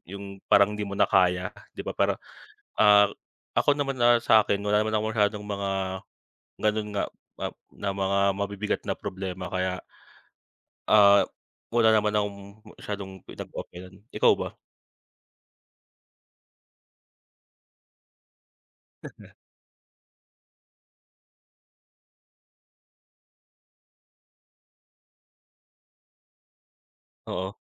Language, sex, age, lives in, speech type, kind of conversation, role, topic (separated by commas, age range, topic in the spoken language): Filipino, male, 25-29, Philippines, conversation, unstructured, none, Paano mo pinahahalagahan ang iyong kalusugang pangkaisipan araw-araw?